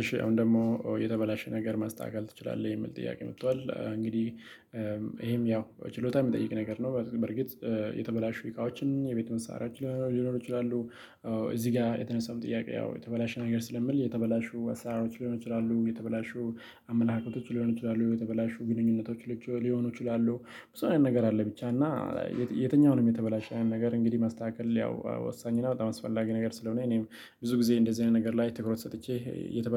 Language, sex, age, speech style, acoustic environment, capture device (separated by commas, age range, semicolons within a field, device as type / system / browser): Amharic, male, 25-34, happy; relaxed; focused; excited, silence, mobile / Linux / Chrome